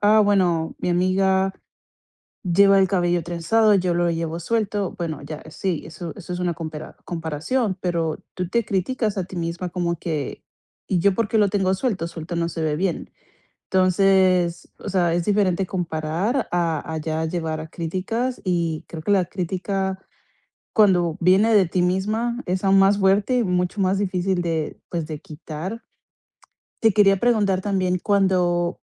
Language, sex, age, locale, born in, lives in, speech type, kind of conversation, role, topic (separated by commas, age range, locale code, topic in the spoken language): Spanish, female, 25-29, es-CO, Colombia, United States, conversation, advice, advisor, ¿Cómo puedo dejar hábitos autodestructivos que me hacen daño a largo plazo?